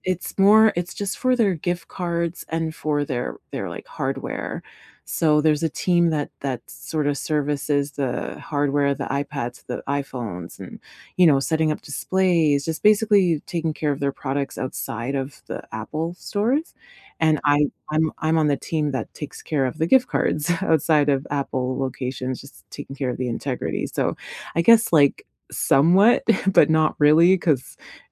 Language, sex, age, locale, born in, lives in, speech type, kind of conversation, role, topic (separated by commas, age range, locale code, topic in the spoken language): English, female, 35-39, en-CA, Canada, Canada, conversation, unstructured, none, What tool or app has changed your workday recently?